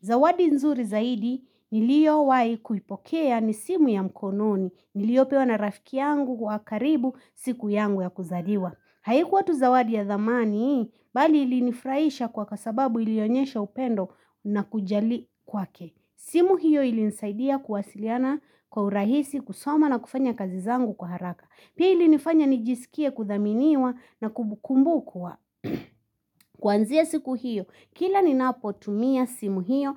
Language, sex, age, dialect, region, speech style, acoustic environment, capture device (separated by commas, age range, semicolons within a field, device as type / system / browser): Swahili, female, 25-34, Kenya - Nairobi Sheng-influenced, Kenya, happy, people talking, mobile / Linux / Chrome